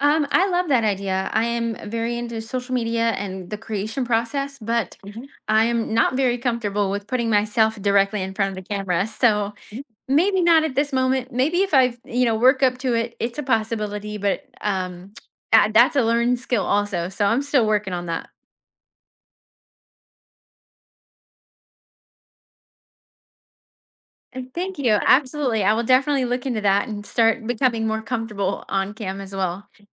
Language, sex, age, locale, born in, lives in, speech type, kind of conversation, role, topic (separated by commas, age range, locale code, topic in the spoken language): English, female, 30-34, en-US, United States, United States, conversation, advice, user, How do I create a realistic plan to reach my savings goal?